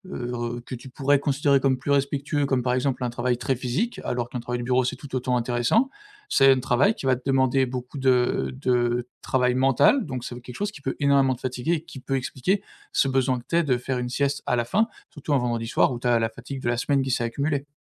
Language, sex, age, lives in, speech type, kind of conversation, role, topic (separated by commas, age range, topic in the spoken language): French, male, 25-29, France, conversation, advice, advisor, Pourquoi est-ce que je culpabilise de dormir plus que la plupart des gens ?